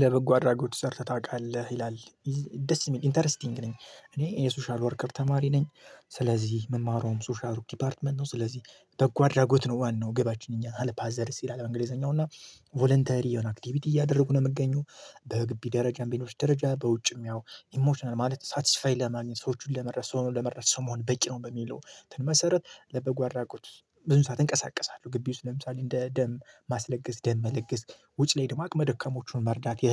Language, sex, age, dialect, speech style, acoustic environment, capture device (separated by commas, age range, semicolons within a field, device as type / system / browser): Amharic, male, 25-34, Ethiopia - Gojjam, focused, silence, mobile / Linux / Chrome